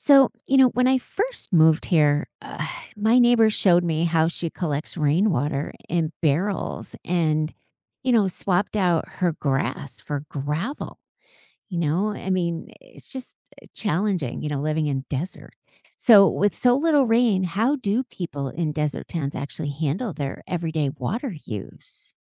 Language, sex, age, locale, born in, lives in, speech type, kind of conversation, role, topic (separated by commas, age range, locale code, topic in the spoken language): English, female, 55-59, en-US, United States, United States, read, expert-assistant, asker, How do desert residents manage daily water use?